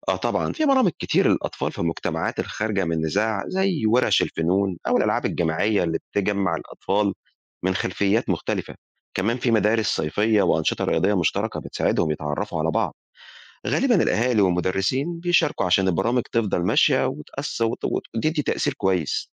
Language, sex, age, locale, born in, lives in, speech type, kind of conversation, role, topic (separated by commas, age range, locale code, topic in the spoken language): Arabic, male, 45-49, ar-EG, Egypt, Egypt, read, expert-assistant, expert, فيه دول كتير عندها مناطق متنازع عليها أو حدود مش واضحة، زي إيه أشهر الأمثلة وليه لسه مفيش حل نهائي للمشاكل دي؟